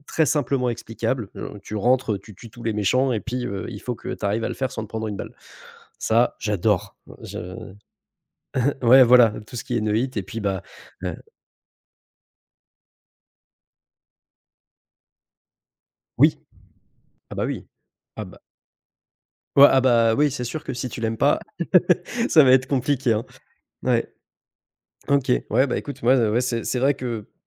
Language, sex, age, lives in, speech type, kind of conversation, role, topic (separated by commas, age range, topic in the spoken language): French, male, 25-29, France, conversation, unstructured, none, Qu’est-ce qui te déplaît le plus dans certains jeux vidéo ?